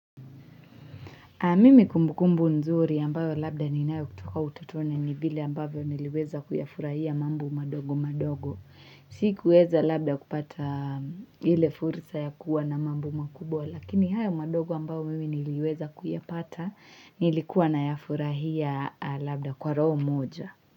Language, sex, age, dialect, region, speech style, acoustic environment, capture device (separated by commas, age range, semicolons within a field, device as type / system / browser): Swahili, female, 18-24, Kenya - Mombasa, Kenya, relaxed, silence, mobile / Linux / Chrome